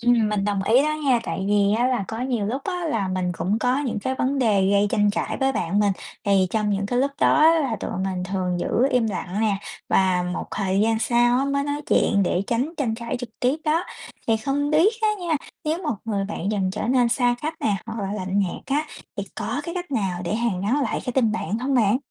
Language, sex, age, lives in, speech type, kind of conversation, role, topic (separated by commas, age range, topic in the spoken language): Vietnamese, female, 30-34, United States, read, expert-assistant, asker, Làm thế nào để xây dựng mối quan hệ bạn bè bền vững?